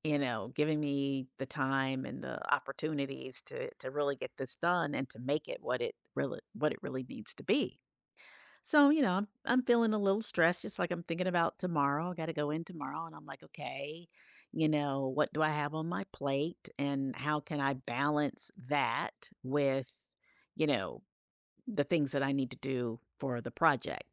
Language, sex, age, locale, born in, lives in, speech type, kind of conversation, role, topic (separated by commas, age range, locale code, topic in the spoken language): English, female, 55-59, en-US, United States, United States, conversation, advice, user, How can I manage feeling overwhelmed by work deadlines and responsibilities?